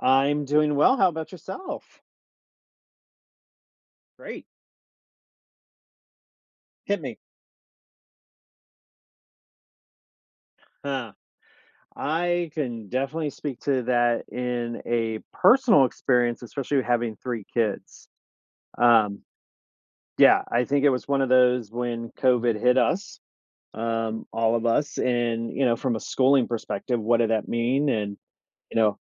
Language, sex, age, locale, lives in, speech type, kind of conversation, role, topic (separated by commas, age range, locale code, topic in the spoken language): English, male, 50-54, en-US, United States, conversation, unstructured, none, In what ways has technology changed your learning experiences?